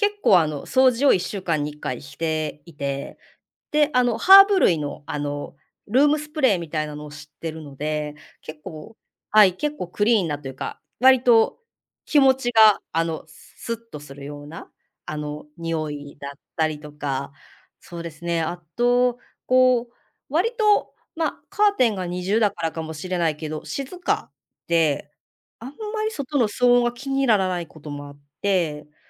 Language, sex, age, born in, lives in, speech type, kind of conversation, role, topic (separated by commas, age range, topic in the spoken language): Japanese, female, 35-39, Japan, Japan, conversation, podcast, guest, 家でいちばん落ち着く場所はどこですか？